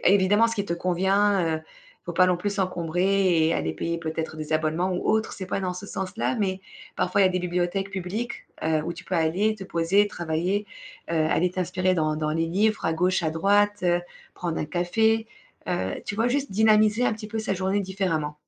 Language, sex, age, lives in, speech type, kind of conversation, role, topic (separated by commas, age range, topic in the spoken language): French, female, 35-39, Spain, conversation, advice, advisor, Comment puis-je mieux équilibrer mes pauses et mes périodes de travail intensif ?